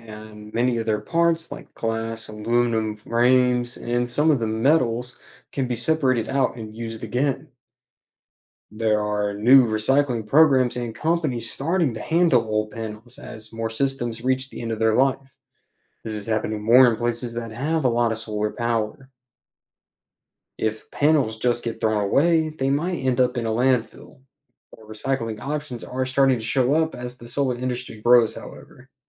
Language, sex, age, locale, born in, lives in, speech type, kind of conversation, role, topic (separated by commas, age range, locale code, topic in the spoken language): English, male, 20-24, en-US, United States, United States, read, expert-assistant, expert, How do solar panels work and what should you know about using them at home?